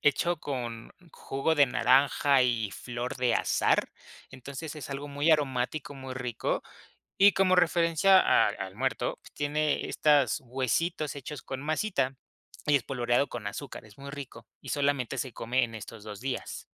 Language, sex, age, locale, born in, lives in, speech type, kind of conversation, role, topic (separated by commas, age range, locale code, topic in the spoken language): Spanish, male, 25-29, es-MX, Mexico, Mexico, conversation, podcast, guest, ¿Qué tradiciones familiares recuerdas con cariño?